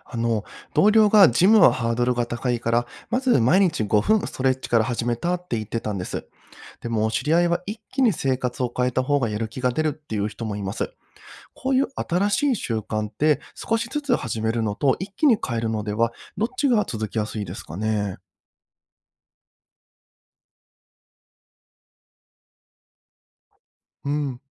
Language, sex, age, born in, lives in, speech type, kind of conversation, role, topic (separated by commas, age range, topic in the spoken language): Japanese, male, 30-34, Japan, Japan, read, expert-assistant, asker, 新しい習慣を始めたいとき、「小さな一歩」から始める方法と「一気に変える」方法、どちらがうまくいきやすいと思いますか？